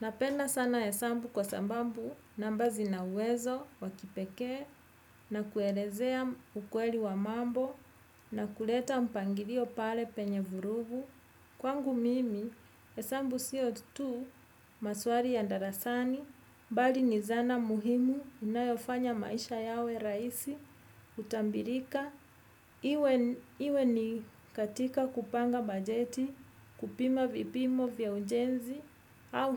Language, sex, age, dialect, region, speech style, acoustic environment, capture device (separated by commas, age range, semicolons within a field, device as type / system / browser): Swahili, female, 35-44, Kenya - Nairobi Sheng-influenced, Kenya, happy, music; nature; people talking; traffic; construction; appliances, desktop / Windows / Edge